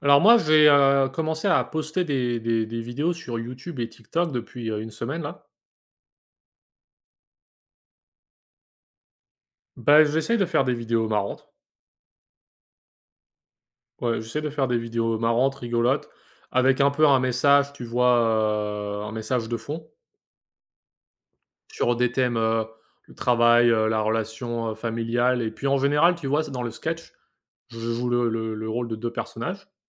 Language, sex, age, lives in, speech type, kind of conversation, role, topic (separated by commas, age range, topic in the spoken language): French, male, 25-29, United States, conversation, unstructured, none, Penses-tu que les réseaux sociaux rapprochent ou éloignent les gens ?